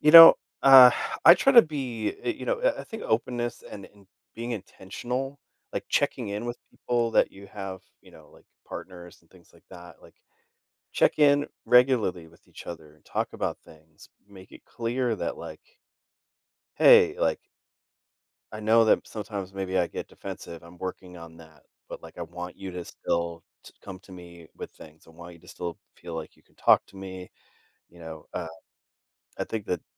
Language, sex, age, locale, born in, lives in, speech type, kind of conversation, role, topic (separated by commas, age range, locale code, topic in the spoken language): English, male, 40-44, en-US, United States, United States, conversation, podcast, guest, What strategies help you communicate clearly and avoid misunderstandings in conversations?